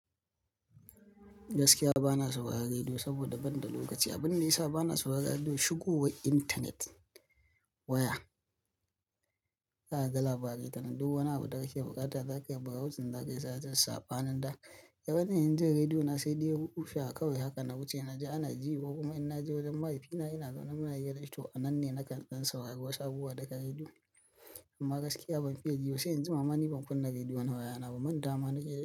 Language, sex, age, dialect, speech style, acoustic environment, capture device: Hausa, male, 25-34, Nigeria - Kano, tired; stressed, people talking, mobile / Linux / Chrome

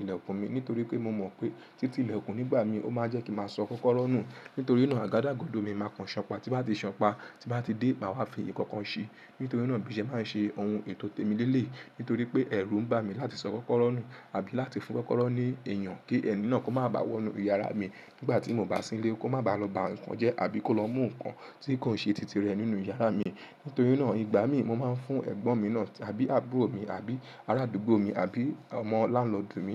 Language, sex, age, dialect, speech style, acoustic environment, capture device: Yoruba, female, 18-24, Nigeria - Lagos Yoruba, happy; relaxed, people talking; nature, mobile / Linux / Chrome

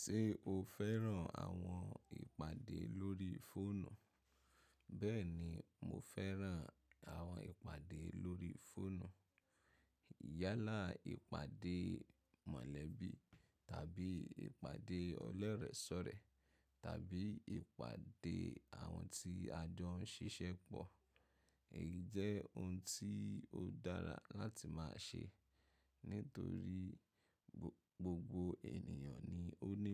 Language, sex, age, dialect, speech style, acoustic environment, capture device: Yoruba, male, 25-34, Nigeria - Ibadan, focused, silence, mobile / macOS / Safari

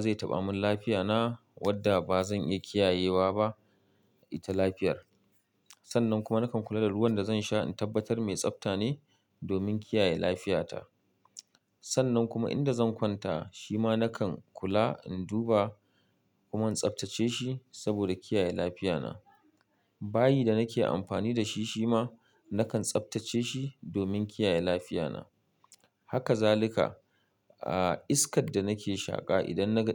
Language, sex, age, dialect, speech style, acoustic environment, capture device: Hausa, male, 35-44, Nigeria - Kano, happy; relaxed; focused; excited, nature; silence; appliances, mobile / Linux / Chrome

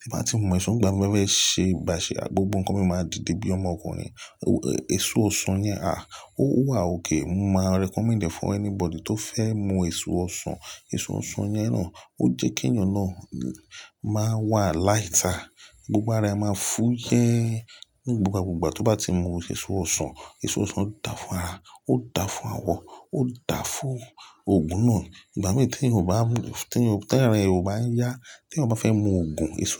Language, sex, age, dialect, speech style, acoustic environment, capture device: Yoruba, male, 35-44, Nigeria - Lagos Yoruba, relaxed, nature, mobile / Linux / Chrome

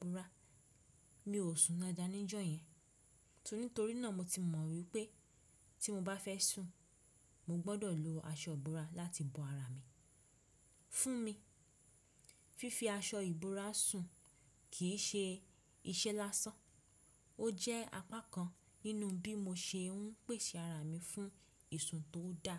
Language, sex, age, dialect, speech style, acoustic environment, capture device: Yoruba, female, 25-34, Nigeria - Ibadan, relaxed, silence, desktop / Windows / Chrome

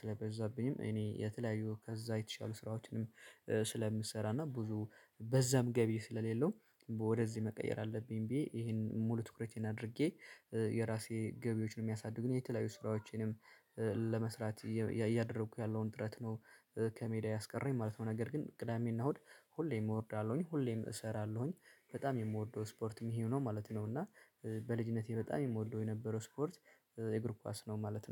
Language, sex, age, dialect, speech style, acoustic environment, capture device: Amharic, male, 25-34, Ethiopia - Addis Ababa, relaxed; excited, silence; nature, mobile / Linux / Chrome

